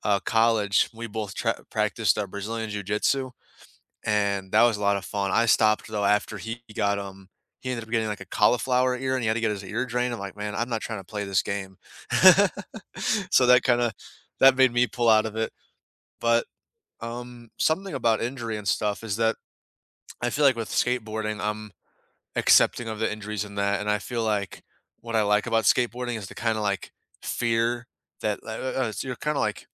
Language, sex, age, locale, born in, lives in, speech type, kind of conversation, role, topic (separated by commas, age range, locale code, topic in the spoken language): English, male, 20-24, en-US, United States, United States, conversation, unstructured, none, What new skill, hobby, or interest are you exploring purely for your own joy right now?